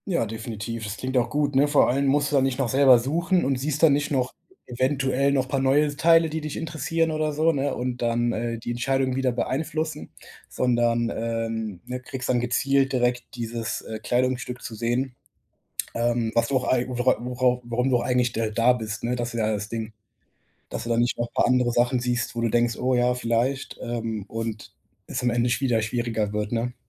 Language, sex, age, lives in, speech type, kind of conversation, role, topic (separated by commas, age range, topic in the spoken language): German, male, 25-29, Germany, conversation, advice, advisor, Was kann ich tun, wenn mich die große Auswahl beim Kleidungskauf überfordert?